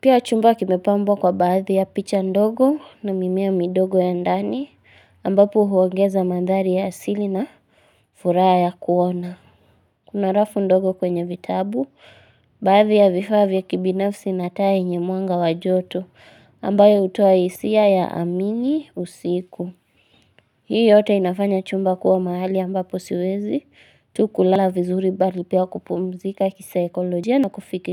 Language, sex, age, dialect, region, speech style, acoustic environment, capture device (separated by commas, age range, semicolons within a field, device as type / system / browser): Swahili, female, 18-24, Kenya - Nairobi Sheng-influenced, Kenya, happy, silence, mobile / Linux / Chrome